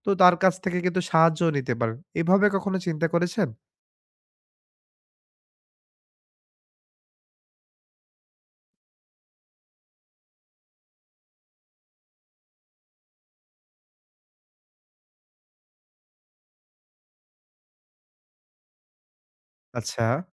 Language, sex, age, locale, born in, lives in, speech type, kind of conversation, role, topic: Bengali, male, 25-29, bn-BD, Bangladesh, Bangladesh, conversation, advice, advisor, নতুন দেশে এসে দূরত্ব ও একাকীত্ব কীভাবে কমিয়ে নিজের ঘর বলে অনুভব করতে পারি?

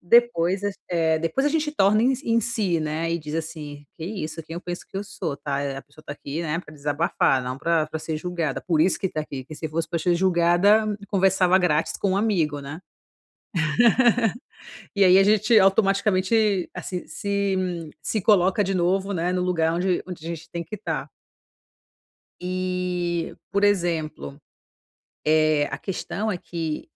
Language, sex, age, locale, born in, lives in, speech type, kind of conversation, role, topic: Portuguese, female, 35-39, pt-BR, Brazil, Italy, conversation, advice, user, Como posso notar pensamentos automáticos sem me julgar?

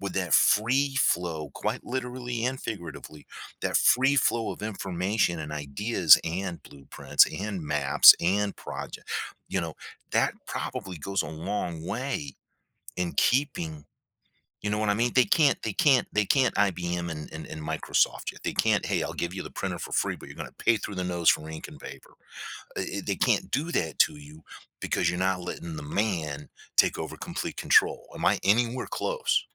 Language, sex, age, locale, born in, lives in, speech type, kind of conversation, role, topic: English, male, 55-59, en-US, United States, United States, conversation, podcast, host, In what ways can sharing a hobby help build new friendships or communities?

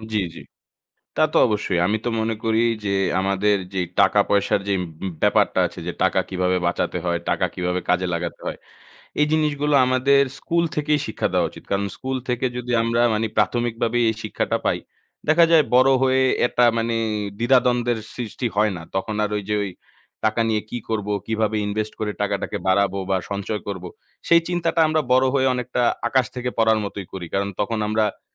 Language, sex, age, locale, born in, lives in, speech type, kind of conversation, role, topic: Bengali, male, 25-29, bn-BD, Bangladesh, Bangladesh, conversation, unstructured, none, টাকা সঞ্চয় করলে মানসিক শান্তি কীভাবে আসে?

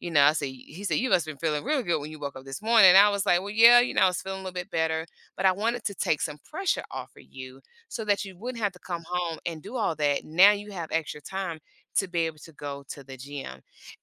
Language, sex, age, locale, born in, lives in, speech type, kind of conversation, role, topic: English, female, 45-49, en-US, United States, United States, conversation, podcast, guest, What are the best ways to build a family routine that meets everyone’s needs and preferences?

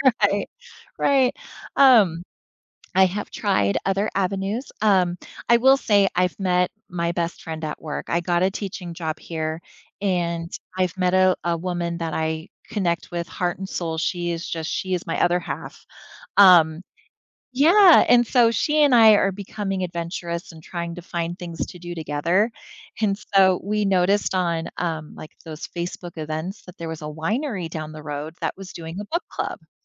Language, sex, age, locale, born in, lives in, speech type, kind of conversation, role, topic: English, female, 40-44, en-US, United States, United States, conversation, advice, user, How do I make friends after moving to a new city?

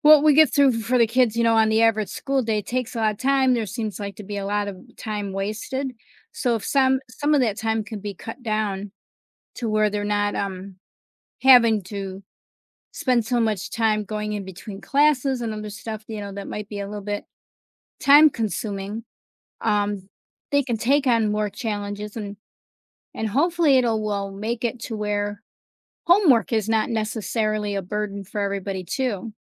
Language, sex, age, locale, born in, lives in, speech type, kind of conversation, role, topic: English, female, 55-59, en-US, United States, United States, conversation, podcast, guest, In what ways has technology reshaped the way we learn and teach?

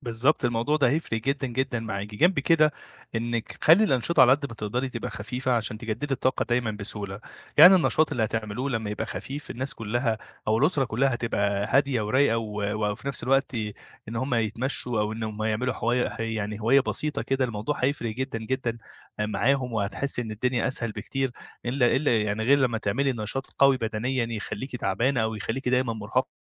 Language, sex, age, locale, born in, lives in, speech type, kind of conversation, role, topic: Arabic, male, 25-29, ar-EG, Egypt, Egypt, conversation, advice, advisor, إزاي أوازن بين الراحة والنشاط في العطلة عشان أحس إني اتجددت؟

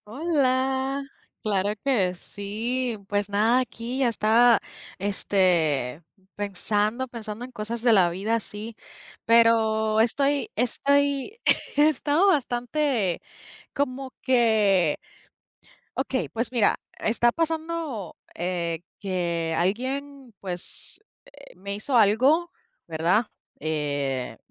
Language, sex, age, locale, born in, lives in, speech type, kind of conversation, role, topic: Spanish, female, 30-34, es-US, Puerto Rico, United States, conversation, unstructured, none, ¿Crees que todas las personas merecen una segunda oportunidad?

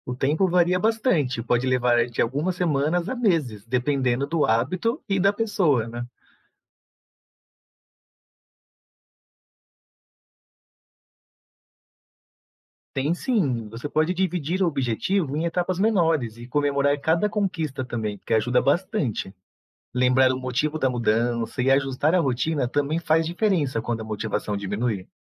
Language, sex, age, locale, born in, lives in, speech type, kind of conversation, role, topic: Portuguese, male, 35-39, pt-BR, Brazil, Portugal, read, expert-assistant, expert, Como posso criar e manter novos hábitos com sucesso?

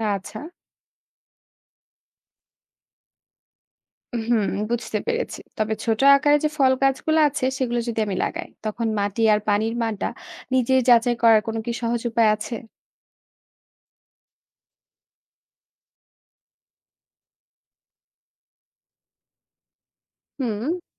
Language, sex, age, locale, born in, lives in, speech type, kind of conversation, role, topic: Bengali, female, 25-29, bn-BD, Bangladesh, Bangladesh, read, expert-assistant, asker, বাজার থেকে কেনা ফরমালিনমুক্ত ফল এবং বাড়িতে অর্গানিকভাবে উৎপাদিত ফল—খাবারের নিরাপত্তার দিক থেকে কোনটা বেশি নির্ভরযোগ্য এবং কেন?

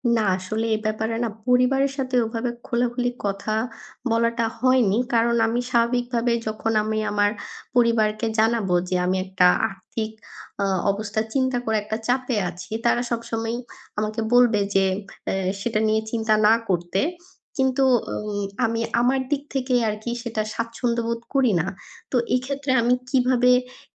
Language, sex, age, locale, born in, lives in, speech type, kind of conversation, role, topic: Bengali, female, 25-29, bn-BD, Bangladesh, Bangladesh, conversation, advice, user, আমি কীভাবে আমার লক্ষ্য এগিয়ে নেওয়ার পথে বাধাগুলো চিহ্নিত করে সমাধান তৈরি করতে পারি?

